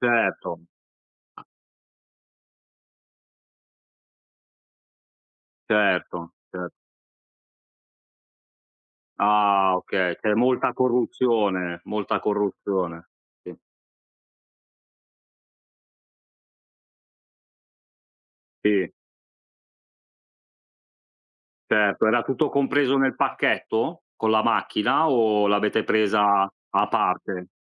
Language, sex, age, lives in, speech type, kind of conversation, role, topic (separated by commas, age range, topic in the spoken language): Italian, male, 40-44, Italy, conversation, unstructured, none, Ti sei mai sentito truffato durante una vacanza?